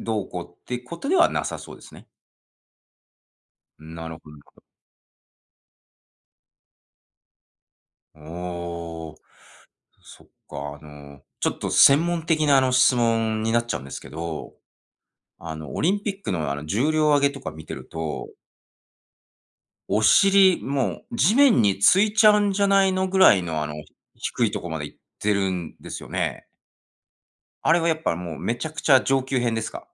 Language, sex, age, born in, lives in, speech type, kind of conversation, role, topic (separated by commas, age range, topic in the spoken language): Japanese, male, 45-49, Japan, United States, conversation, podcast, host, 軽い運動で楽になるためには、どんな方法がいいですか？